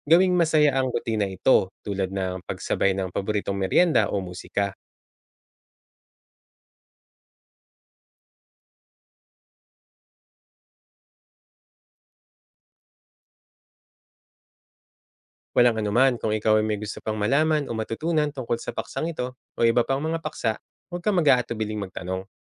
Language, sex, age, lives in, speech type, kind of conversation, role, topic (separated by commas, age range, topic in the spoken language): Filipino, male, 35-39, Philippines, read, expert-assistant, expert, Paano ko maiiwasan ang padalus-dalos na pagbili kapag may sale o promo, kahit gusto kong mag-ipon?